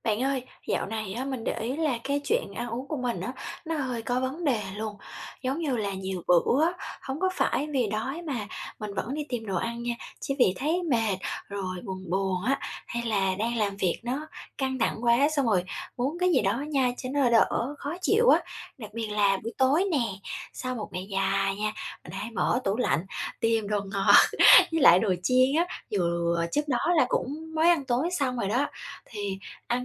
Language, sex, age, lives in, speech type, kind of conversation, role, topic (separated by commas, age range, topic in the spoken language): Vietnamese, female, 25-29, Japan, conversation, advice, user, Làm sao để nhận biết rõ hơn tín hiệu đói và no của cơ thể khi ăn?